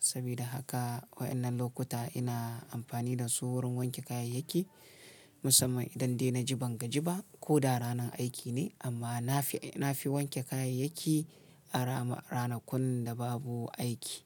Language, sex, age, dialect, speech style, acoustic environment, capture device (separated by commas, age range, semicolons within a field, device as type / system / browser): Hausa, male, 35-44, Nigeria - Kano, relaxed; excited; happy, nature; silence, mobile / Linux / Chrome